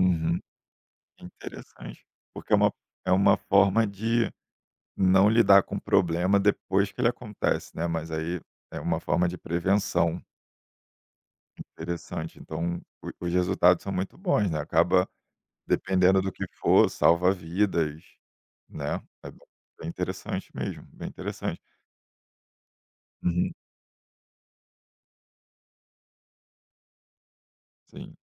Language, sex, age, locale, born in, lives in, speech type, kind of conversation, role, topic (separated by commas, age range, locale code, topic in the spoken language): Portuguese, male, 30-34, pt-BR, Brazil, Germany, conversation, podcast, host, Como foi a virada na sua carreira?